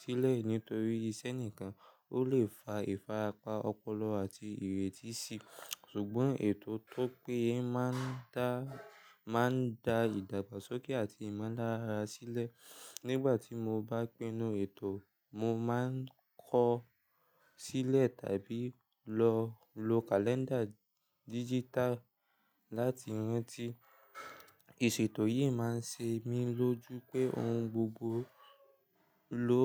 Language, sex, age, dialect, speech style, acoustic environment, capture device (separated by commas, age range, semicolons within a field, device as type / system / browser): Yoruba, male, 18-24, Nigeria - Lagos Yoruba, relaxed, silence; nature, mobile / Linux / Chrome